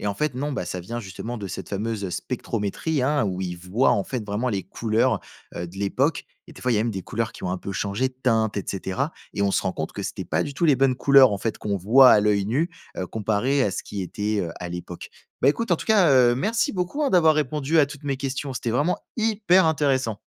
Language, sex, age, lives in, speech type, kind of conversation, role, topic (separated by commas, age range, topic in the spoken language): French, male, 20-24, France, read, expert-assistant, asker, Comment les archéologues choisissent-ils entre fouilles et techniques non-invasives ?